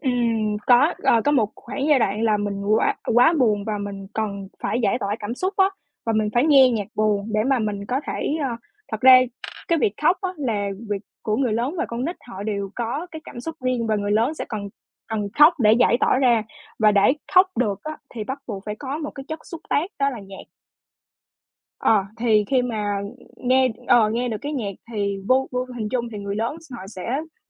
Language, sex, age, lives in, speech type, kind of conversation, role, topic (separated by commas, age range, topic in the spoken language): Vietnamese, female, 20-24, Vietnam, conversation, podcast, guest, Theo bạn, âm nhạc thay đổi tâm trạng của chúng ta như thế nào?